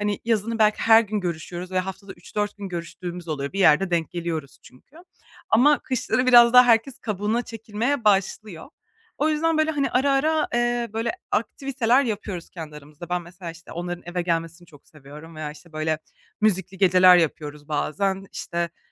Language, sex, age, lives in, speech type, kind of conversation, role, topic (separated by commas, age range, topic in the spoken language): Turkish, female, 25-29, Germany, conversation, advice, user, Duygusal dalgalanmalarla nasıl başa çıkabilirim?